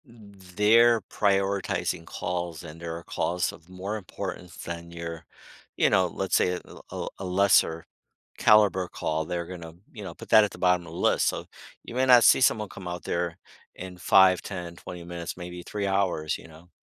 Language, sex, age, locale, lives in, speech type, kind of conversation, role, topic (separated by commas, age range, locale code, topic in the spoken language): English, male, 55-59, en-US, United States, conversation, podcast, host, What role does community involvement play in making neighborhoods safer?